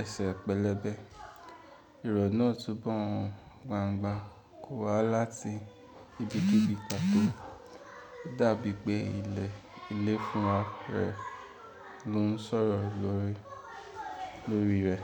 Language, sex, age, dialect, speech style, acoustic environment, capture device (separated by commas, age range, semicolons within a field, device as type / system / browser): Yoruba, male, 18-24, Nigeria - Ibadan, relaxed, people talking, mobile / Linux / Chrome